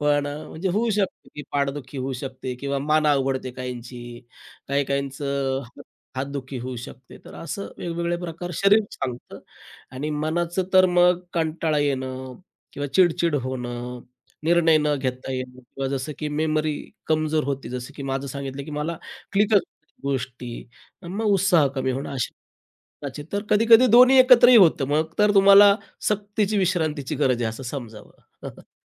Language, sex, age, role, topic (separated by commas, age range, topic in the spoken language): Marathi, male, 35-39, guest, शरीराला विश्रांतीची गरज आहे हे तुम्ही कसे ओळखता?